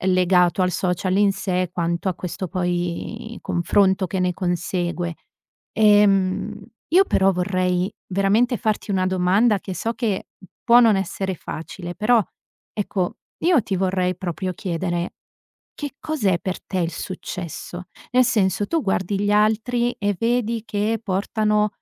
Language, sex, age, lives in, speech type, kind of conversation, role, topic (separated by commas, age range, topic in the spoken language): Italian, female, 30-34, Italy, conversation, advice, advisor, Come ti senti quando vedi sui social i successi degli altri e ti senti inferiore?